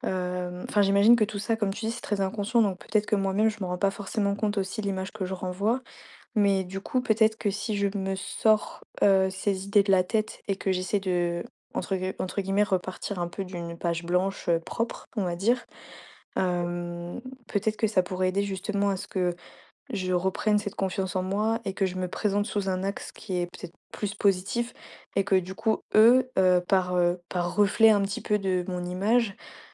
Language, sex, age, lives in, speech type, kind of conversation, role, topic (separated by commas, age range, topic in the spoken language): French, female, 20-24, France, conversation, advice, user, Comment établir la confiance et la crédibilité au travail ?